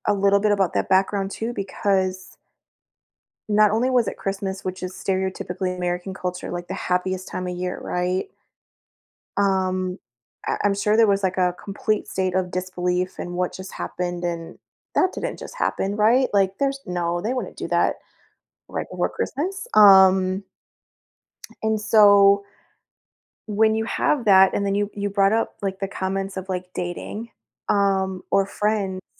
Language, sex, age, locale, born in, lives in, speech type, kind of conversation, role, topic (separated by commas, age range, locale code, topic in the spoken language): English, female, 40-44, en-US, United States, United States, conversation, advice, advisor, How can I build my confidence daily?